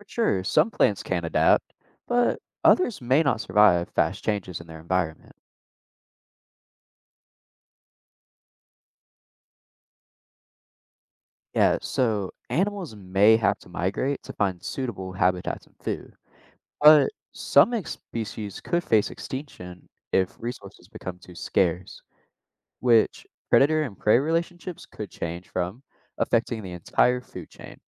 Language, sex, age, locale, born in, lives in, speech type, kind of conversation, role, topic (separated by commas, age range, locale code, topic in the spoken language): English, male, 18-19, en-US, United States, United States, read, expert-assistant, expert, How does climate change impact the environment?